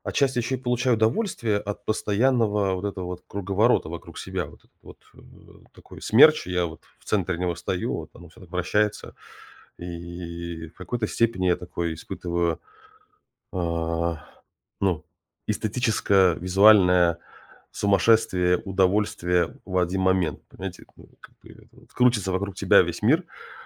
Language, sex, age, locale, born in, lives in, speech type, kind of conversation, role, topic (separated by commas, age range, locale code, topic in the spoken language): Russian, male, 35-39, ru-RU, Russia, United States, conversation, advice, user, В чём у вас дома проявляется размывание границ между работой и отдыхом?